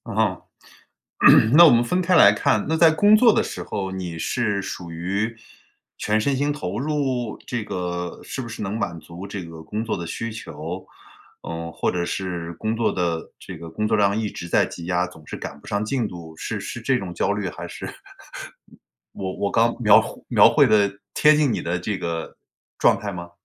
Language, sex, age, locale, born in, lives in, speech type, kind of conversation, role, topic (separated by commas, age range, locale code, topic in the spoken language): Chinese, male, 45-49, zh-CN, China, United States, conversation, advice, advisor, 如何快速让紧绷的身体放松下来？